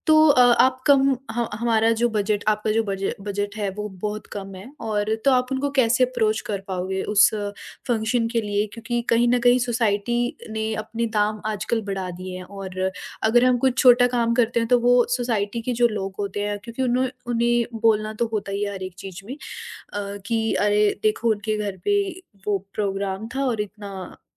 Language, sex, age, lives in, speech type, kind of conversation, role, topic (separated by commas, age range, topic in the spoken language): Hindi, female, 40-44, India, conversation, podcast, host, Neighbourhood mein logon ko jodne ke liye tum sabse pehla kaam kya karoge?